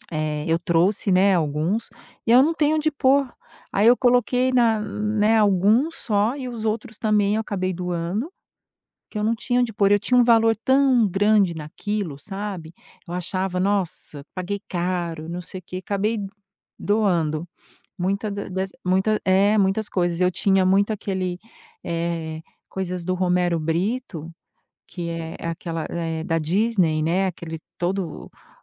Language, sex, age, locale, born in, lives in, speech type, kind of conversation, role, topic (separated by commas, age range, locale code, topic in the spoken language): Portuguese, female, 50-54, pt-BR, Brazil, United States, conversation, advice, user, Como você está lidando com o estresse de empacotar e organizar a mudança?